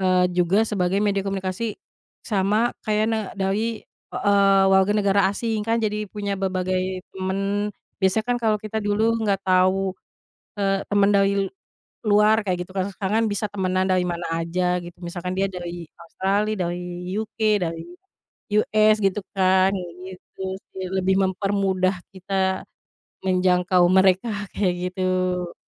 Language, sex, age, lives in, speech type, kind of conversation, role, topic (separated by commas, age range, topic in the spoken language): Indonesian, female, 30-34, Indonesia, conversation, unstructured, none, Apakah media sosial lebih banyak merugikan daripada bermanfaat?